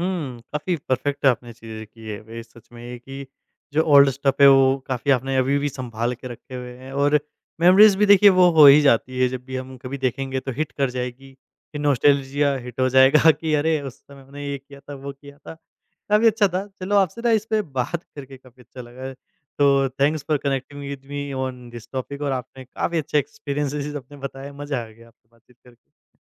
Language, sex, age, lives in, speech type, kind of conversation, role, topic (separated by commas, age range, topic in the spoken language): Hindi, male, 20-24, India, conversation, podcast, host, Old cheezein rakhne ya hataane ka tumhara kya niyam hai?